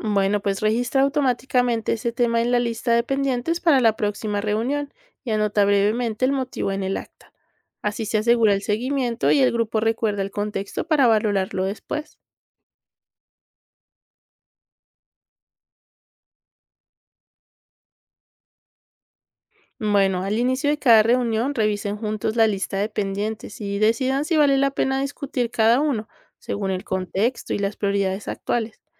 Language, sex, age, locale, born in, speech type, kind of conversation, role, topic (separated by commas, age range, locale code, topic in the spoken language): Spanish, female, 30-34, es-CO, Colombia, read, expert-assistant, expert, ¿Puedes darme una situación cotidiana que ayude a entender cómo funciona la separación de poderes en un gobierno?